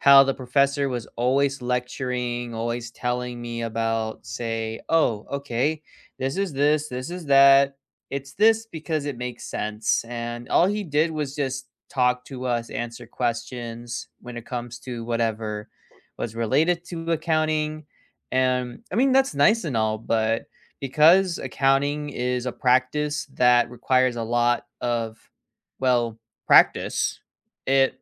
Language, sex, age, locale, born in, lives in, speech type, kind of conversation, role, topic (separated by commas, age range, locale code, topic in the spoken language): English, male, 20-24, en-US, United States, United States, conversation, unstructured, none, What makes a classroom truly great for you—space, the teacher, your classmates, or the tools—and what helped you feel connected?